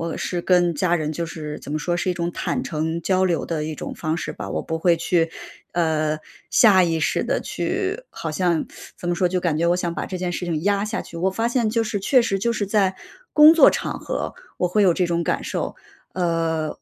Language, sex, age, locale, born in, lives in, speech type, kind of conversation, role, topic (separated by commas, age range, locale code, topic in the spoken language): Chinese, female, 35-39, zh-CN, China, United States, conversation, advice, user, 我怎样才能不再淡化自己的成就？